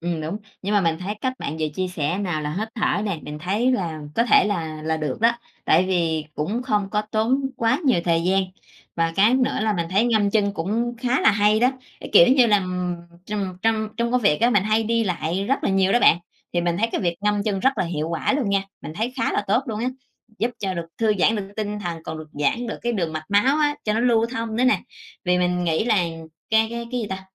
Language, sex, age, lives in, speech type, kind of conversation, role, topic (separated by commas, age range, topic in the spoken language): Vietnamese, female, 45-49, Vietnam, conversation, podcast, host, Bạn làm gì để ngủ ngon hơn mỗi đêm?